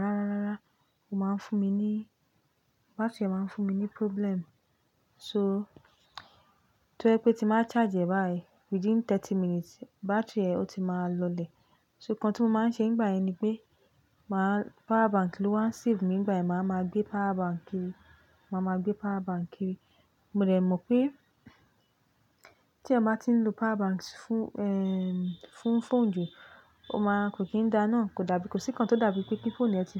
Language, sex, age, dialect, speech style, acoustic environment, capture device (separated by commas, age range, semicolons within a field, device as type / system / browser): Yoruba, female, 25-34, Nigeria - Lagos Yoruba, relaxed, appliances, mobile / Linux / Chrome